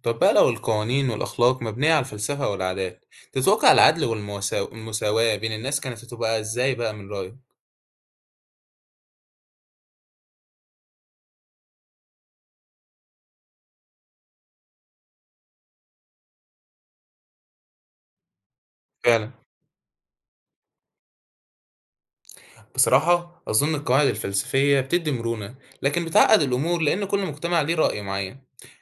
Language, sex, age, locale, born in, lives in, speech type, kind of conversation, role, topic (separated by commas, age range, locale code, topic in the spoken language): Arabic, male, 18-19, ar-EG, Egypt, Egypt, read, expert-assistant, asker, تفتكر كان هيكون شكل العالم عامل إزاي لو الأديان الإبراهيمية ما ظهرتش أصلاً؟